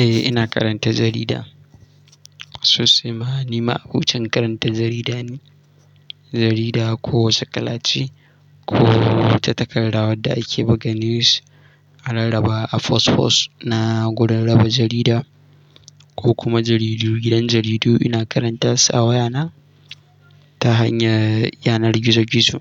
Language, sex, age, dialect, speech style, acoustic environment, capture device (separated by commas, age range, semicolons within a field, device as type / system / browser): Hausa, male, 18-24, Nigeria - Katsina, happy, nature, mobile / Linux / Chrome